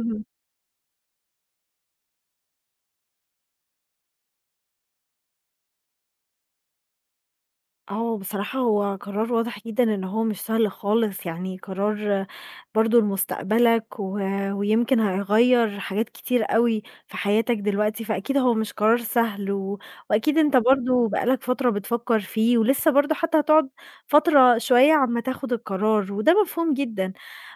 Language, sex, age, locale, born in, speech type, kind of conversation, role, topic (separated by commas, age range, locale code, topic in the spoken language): Arabic, female, 20-24, ar-EG, Egypt, conversation, advice, advisor, إزاي بتوصف إحساس الحنين والوحشة لأهلك بعد ما نقلت؟